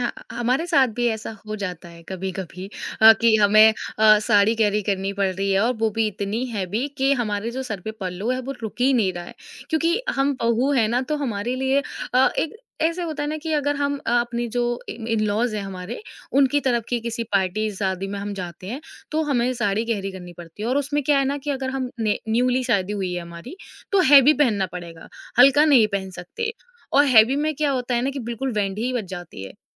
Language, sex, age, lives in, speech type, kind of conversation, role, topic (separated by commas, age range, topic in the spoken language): Hindi, female, 20-24, India, conversation, podcast, guest, Festival ya shaadi mein apna unique look kaise plan karte ho?